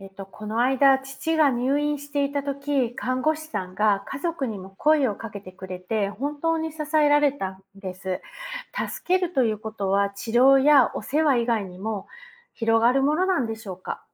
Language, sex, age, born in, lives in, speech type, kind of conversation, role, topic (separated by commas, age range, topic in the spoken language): Japanese, female, 45-49, Japan, United States, read, expert-assistant, asker, 看護師になってから、「助けること」の意味が変わったと感じたことはありますか？